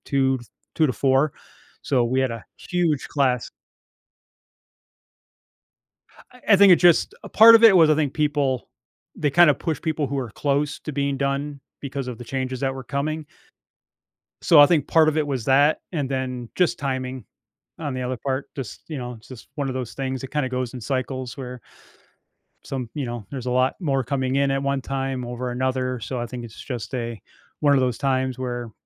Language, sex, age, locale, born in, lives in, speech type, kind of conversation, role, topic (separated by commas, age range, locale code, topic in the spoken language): English, male, 45-49, en-US, United States, United States, conversation, advice, user, How can I show I'm ready for a promotion and approach my manager about it?